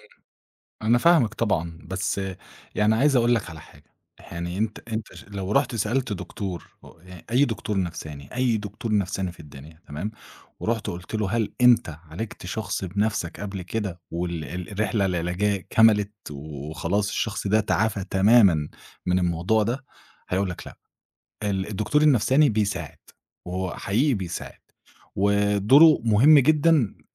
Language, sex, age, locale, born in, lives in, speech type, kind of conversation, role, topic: Arabic, male, 25-29, ar-EG, Egypt, Egypt, conversation, podcast, guest, هل ممكن الندم يبقى دافع لتغيير إيجابي، وإزاي؟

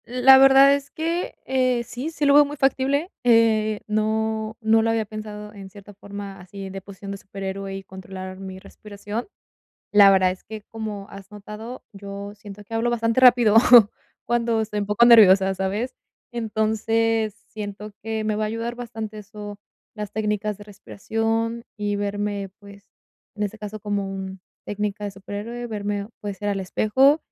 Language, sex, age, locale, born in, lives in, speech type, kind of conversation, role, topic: Spanish, female, 20-24, es-MX, Mexico, Mexico, conversation, advice, user, ¿Cómo puedo reducir la ansiedad antes de un evento importante?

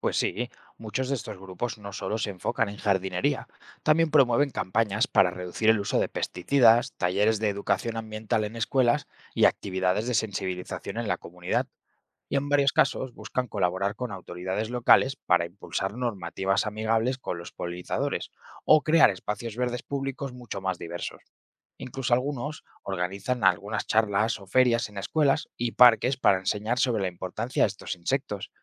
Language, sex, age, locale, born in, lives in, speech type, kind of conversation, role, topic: Spanish, male, 25-29, es-ES, Spain, Spain, read, expert-assistant, expert, ¿Cómo puedo atraer y apoyar a polinizadores en mi jardín y comunidad urbana?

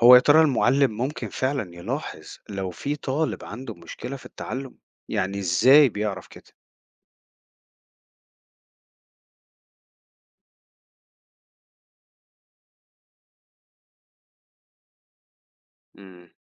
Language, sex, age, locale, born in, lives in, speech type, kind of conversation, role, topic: Arabic, male, 40-44, ar-EG, Egypt, United States, read, expert-assistant, asker, ما هو دور المعلم في اكتشاف مشاكل التعلم لدى الطلاب؟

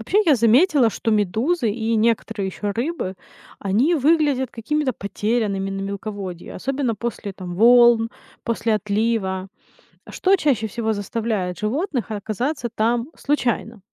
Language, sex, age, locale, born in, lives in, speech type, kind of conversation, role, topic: Russian, female, 30-34, ru-RU, Ukraine, United States, read, expert-assistant, asker, Как лучше всего наблюдать за жизнью морских обитателей: советы и простые техники для начинающих?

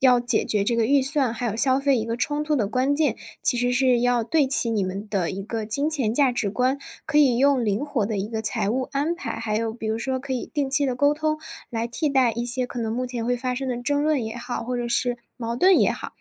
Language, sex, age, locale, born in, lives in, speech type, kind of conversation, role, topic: Chinese, female, 20-24, zh-CN, China, Germany, conversation, advice, advisor, 我和伴侣在预算与消费观念上严重不合，该如何沟通并找到可行的财务安排？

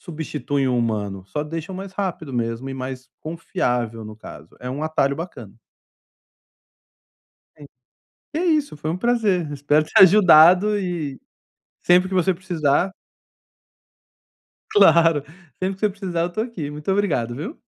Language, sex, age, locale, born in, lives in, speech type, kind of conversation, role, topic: Portuguese, male, 45-49, pt-BR, Brazil, Spain, conversation, advice, advisor, Como posso equilibrar tarefas urgentes com metas de longo prazo?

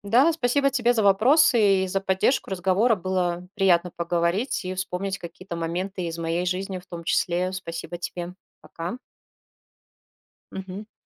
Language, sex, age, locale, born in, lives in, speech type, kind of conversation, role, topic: Russian, female, 40-44, ru-RU, Russia, Spain, conversation, podcast, guest, Как справляться с чувством одиночества среди людей?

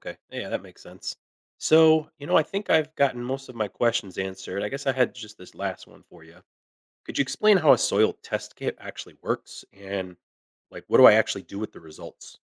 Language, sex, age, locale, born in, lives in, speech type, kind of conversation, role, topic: English, male, 40-44, en-US, United States, United States, read, expert-assistant, asker, How do seeds know when to start growing?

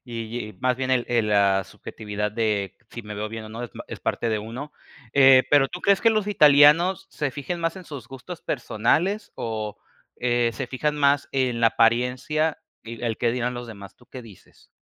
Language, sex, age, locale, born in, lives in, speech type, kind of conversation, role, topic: Spanish, male, 25-29, es-MX, Mexico, Mexico, conversation, podcast, host, ¿Sientes presión social para vestirte de cierta manera?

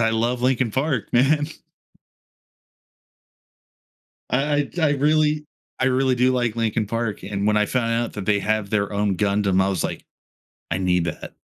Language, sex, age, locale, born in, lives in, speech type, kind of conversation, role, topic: English, male, 30-34, en-US, United States, United States, conversation, podcast, guest, What helps people stick with a new hobby and enjoy the process of learning?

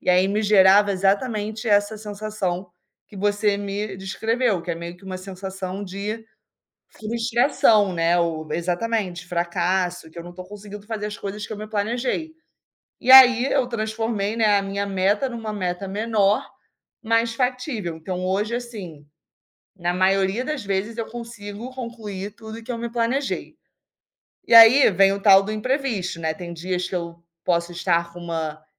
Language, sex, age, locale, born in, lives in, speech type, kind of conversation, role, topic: Portuguese, female, 25-29, pt-BR, Brazil, Spain, conversation, podcast, guest, Como você encontra tempo para criar na correria do dia?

